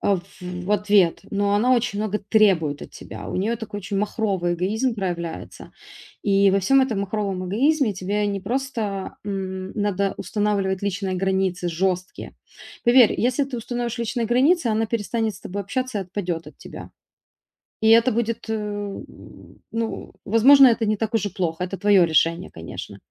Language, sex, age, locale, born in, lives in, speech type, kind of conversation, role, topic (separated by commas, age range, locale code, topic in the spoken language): Russian, female, 35-39, ru-RU, Ukraine, Italy, conversation, advice, advisor, Как установить здоровые личные границы с друзьями, которые постоянно используют меня или истощают?